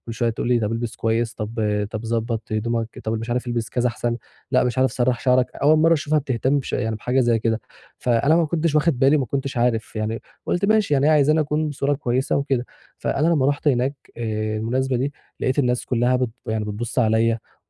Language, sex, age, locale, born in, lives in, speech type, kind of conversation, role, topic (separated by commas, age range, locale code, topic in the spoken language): Arabic, male, 20-24, ar-EG, Egypt, Egypt, conversation, advice, user, إزاي أتغلب على التوتر قبل ما أروح مناسبة اجتماعية؟